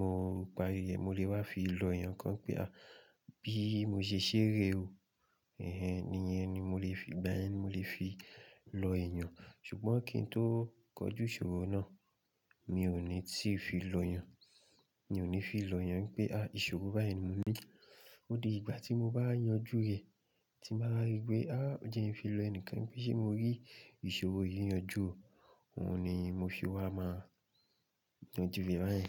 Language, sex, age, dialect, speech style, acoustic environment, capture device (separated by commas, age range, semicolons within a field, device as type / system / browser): Yoruba, male, 25-34, Nigeria - Ibadan, relaxed, silence, mobile / Linux / Chrome